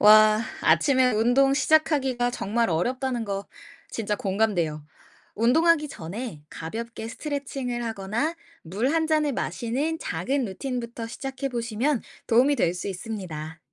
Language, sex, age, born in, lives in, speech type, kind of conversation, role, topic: Korean, female, 25-29, South Korea, United States, read, expert-assistant, expert, 근력 운동 루틴을 꾸준히 실천하려면 어떤 습관이나 동기부여 전략이 효과적일까요?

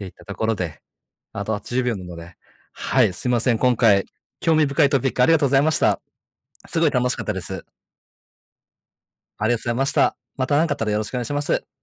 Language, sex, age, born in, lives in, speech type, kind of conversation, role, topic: Japanese, male, 30-34, Japan, Japan, conversation, unstructured, none, AIは私たちの未来にどのような影響を与えると思いますか？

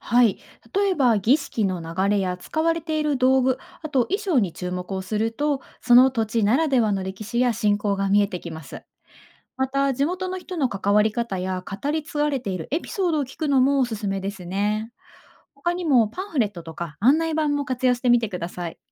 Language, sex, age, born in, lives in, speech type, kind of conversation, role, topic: Japanese, female, 30-34, Japan, Japan, read, expert-assistant, expert, 宗教史を身近に感じながら楽しく学ぶ方法にはどんなものがありますか？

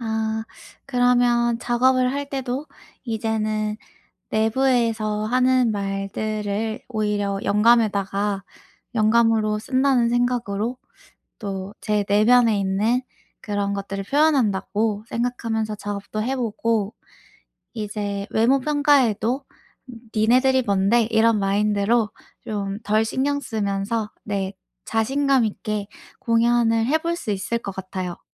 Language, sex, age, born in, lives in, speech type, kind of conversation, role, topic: Korean, female, 25-29, South Korea, United States, conversation, advice, user, 남들의 평가 때문에 불안할 때 현실적으로 어떻게 대처하면 좋을까요?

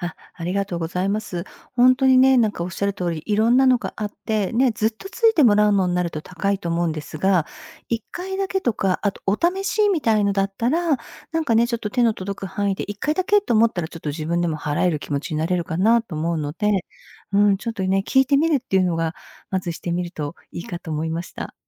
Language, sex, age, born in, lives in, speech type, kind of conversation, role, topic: Japanese, female, 55-59, Japan, United States, conversation, advice, advisor, ジムに行くと周りの目が気になるのですが、どうすれば気にせずに通えますか？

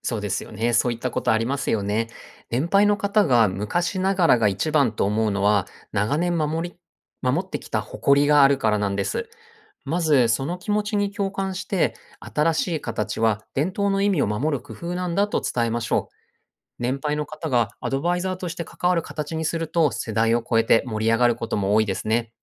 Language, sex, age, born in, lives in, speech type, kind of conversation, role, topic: Japanese, male, 40-44, Japan, Japan, read, expert-assistant, expert, 日本の伝統行事を若い世代にも楽しんでもらうために、どんな新しいアイデアが考えられるでしょうか？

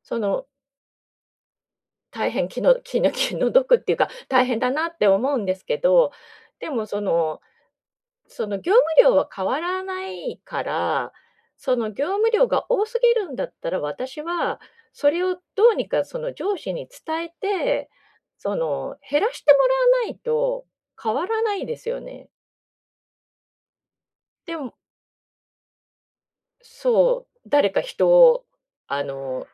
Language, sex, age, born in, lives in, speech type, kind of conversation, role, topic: Japanese, female, 50-54, Japan, Japan, conversation, advice, user, 感情を落ち着けて相手に気持ちを伝えるにはどうすればよいですか？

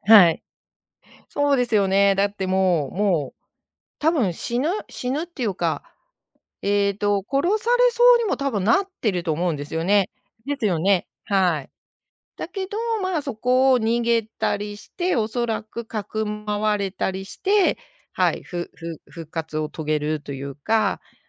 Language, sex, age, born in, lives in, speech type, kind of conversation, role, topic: Japanese, female, 55-59, Japan, Japan, conversation, unstructured, none, 歴史上の人物で、会ってみたい人は誰ですか？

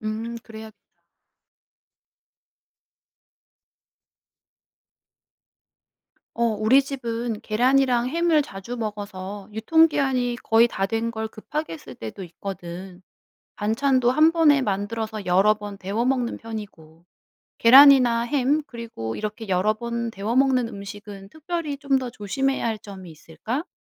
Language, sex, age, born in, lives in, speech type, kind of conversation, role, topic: Korean, female, 35-39, South Korea, South Korea, read, expert-assistant, asker, 여름철 식중독과 음식물 쓰레기 관리는 어떻게 하면 될까요?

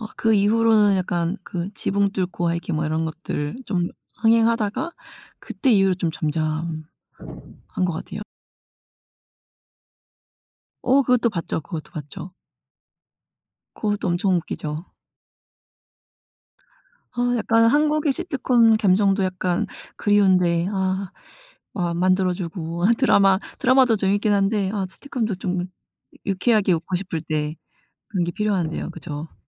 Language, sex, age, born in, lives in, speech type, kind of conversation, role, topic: Korean, female, 30-34, South Korea, United States, conversation, unstructured, none, 요즘 유행하는 드라마 중에 추천할 만한 게 있나요?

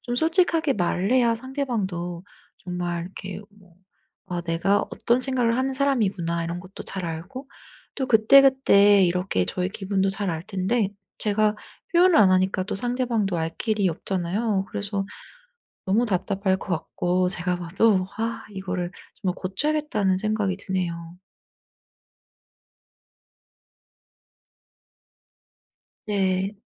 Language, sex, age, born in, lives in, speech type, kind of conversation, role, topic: Korean, female, 30-34, South Korea, United States, conversation, advice, user, 내 감정을 솔직하게 표현하려면 어떻게 시작할 수 있을까요?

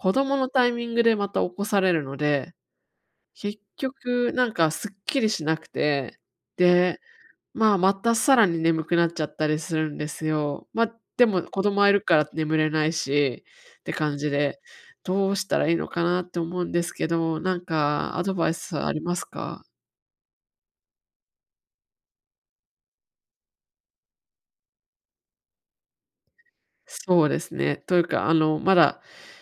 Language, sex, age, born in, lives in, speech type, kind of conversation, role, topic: Japanese, female, 35-39, Japan, United States, conversation, advice, user, 昼寝のあとに強い眠気が出るのを防ぐにはどうすればいいですか？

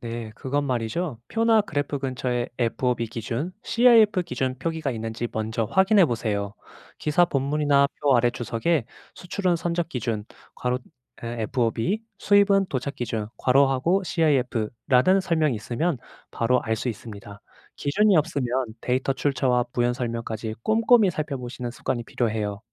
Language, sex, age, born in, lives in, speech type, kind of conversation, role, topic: Korean, male, 25-29, South Korea, Japan, read, expert-assistant, expert, 뉴스에서 나오는 무역 통계, 어디까지 믿을 수 있을까요?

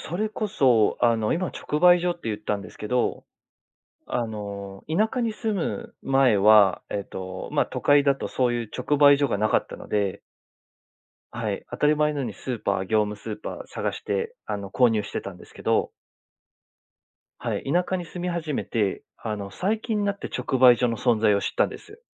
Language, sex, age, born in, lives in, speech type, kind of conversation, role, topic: Japanese, male, 30-34, Japan, Japan, conversation, podcast, guest, 食費を節約するためのおすすめの工夫は何ですか？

